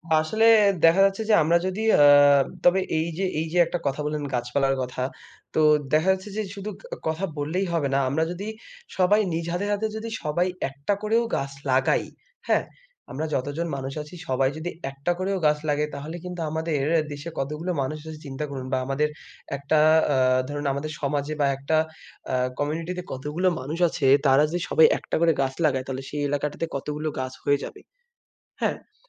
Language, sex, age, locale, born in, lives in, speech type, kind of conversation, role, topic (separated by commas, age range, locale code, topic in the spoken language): Bengali, male, 20-24, bn-BD, Bangladesh, Bangladesh, conversation, unstructured, none, পরিবেশ রক্ষা করার সবচেয়ে সহজ উপায় কী হতে পারে?